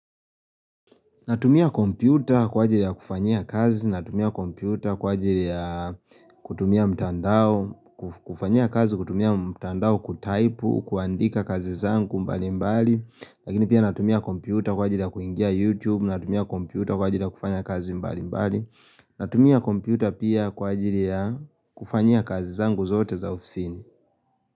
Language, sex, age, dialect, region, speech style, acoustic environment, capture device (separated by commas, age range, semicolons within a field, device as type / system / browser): Swahili, male, 25-34, Kenya - Nairobi Sheng-influenced, Kenya, relaxed, silence, mobile / Linux / Chrome